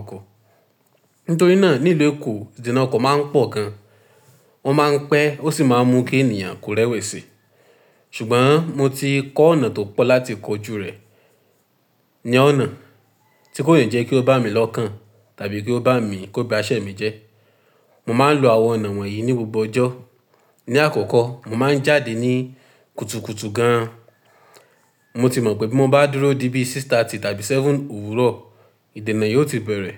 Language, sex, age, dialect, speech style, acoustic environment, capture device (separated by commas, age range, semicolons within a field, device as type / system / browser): Yoruba, male, 18-24, Nigeria - Ibadan, anxious; happy, silence, mobile / Linux / Chrome